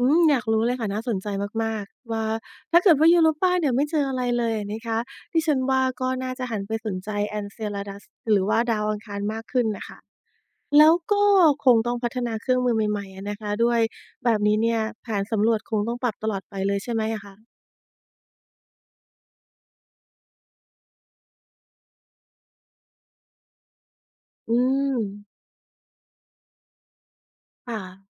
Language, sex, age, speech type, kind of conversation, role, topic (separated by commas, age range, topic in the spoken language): Thai, female, 40-44, read, expert-assistant, asker, ถ้าต้องจัดลำดับดาวเคราะห์หรือดวงจันทร์ที่มีศักยภาพจะพบชีวิตมากที่สุดในระบบสุริยะของเรา คุณคิดว่าควรให้ความสำคัญกับที่ไหนก่อน และเพราะอะไร?